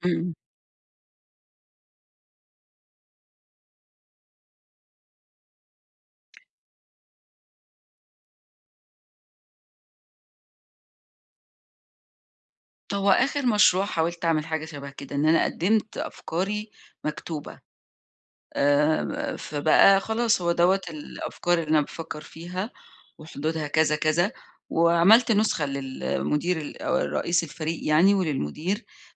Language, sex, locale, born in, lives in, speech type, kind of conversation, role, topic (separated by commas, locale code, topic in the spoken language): Arabic, female, ar-EG, Egypt, Egypt, conversation, advice, user, ازاي أقدر أعزّز ظهوري جوّه المؤسسة ومع فريقي؟